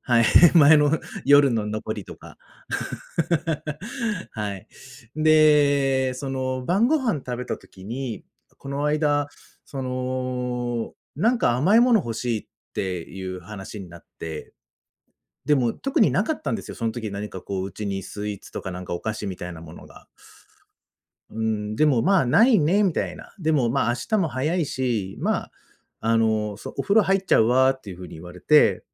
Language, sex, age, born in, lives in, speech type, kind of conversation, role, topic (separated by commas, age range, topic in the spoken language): Japanese, male, 35-39, Japan, Japan, conversation, podcast, guest, 得意な家庭料理について教えてくれますか？